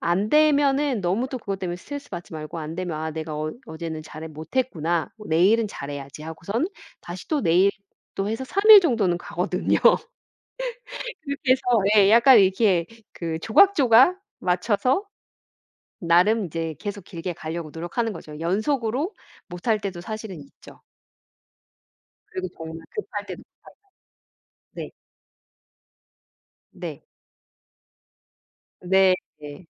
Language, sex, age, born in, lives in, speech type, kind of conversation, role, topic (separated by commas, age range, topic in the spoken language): Korean, female, 45-49, South Korea, United States, conversation, podcast, guest, 잠을 잘 자기 위해 평소에 어떤 습관을 실천하시나요?